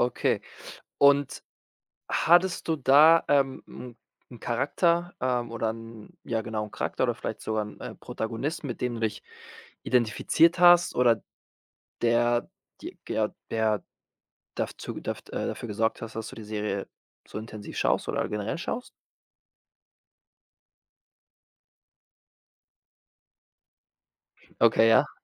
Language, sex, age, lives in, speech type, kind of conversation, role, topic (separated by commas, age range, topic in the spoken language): German, male, 25-29, Spain, conversation, podcast, host, Welche Serien haben dich als Teenager begleitet?